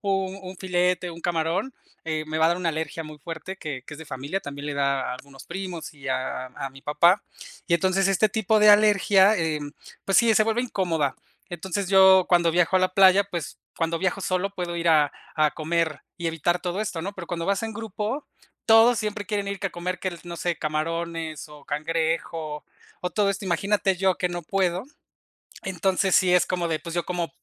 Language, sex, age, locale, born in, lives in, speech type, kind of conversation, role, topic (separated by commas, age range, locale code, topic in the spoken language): Spanish, male, 35-39, es-MX, Mexico, Mexico, conversation, unstructured, none, ¿Prefieres viajar solo o en grupo?